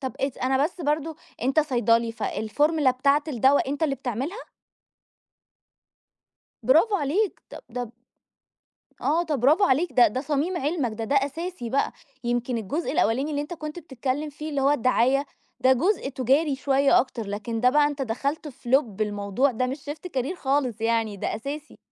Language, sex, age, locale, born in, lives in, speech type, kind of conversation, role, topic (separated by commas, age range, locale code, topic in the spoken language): Arabic, female, 30-34, ar-EG, Egypt, Egypt, conversation, podcast, guest, إيه أول قرار غيّر مجرى حياتك؟